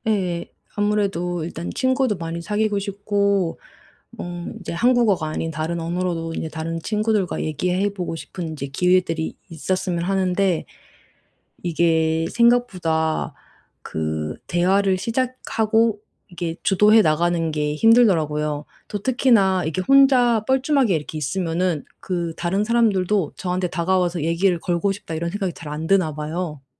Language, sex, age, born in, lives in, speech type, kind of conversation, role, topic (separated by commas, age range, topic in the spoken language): Korean, female, 35-39, South Korea, Germany, conversation, advice, user, 파티에서 자꾸 소외감과 어색함을 느끼는데 어떻게 해야 하나요?